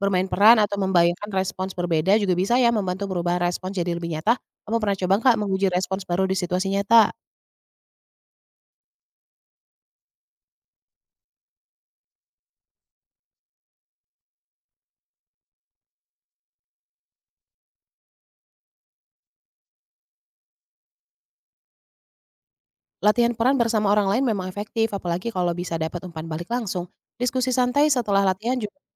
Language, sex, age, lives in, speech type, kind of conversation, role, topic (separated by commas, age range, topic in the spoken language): Indonesian, female, 30-34, Indonesia, read, expert-assistant, expert, Apa pendekatan paling efektif untuk belajar mengenali dan mengelola emosi sendiri saat menghadapi konflik dengan orang terdekat?